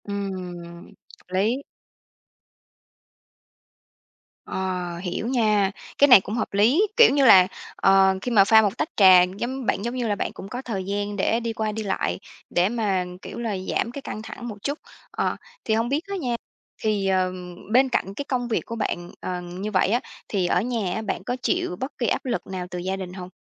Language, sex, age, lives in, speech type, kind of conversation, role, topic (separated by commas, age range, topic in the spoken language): Vietnamese, female, 30-34, Vietnam, conversation, podcast, host, Bạn thường làm gì để giảm căng thẳng hằng ngày?